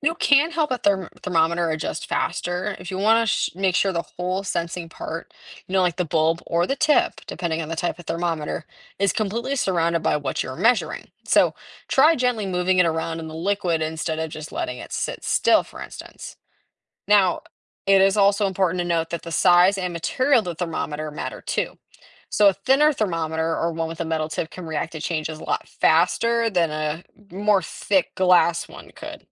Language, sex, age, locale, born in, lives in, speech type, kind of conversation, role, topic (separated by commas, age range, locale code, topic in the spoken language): English, female, 18-19, en-US, United States, United States, read, expert-assistant, expert, How does a thermometer measure temperature?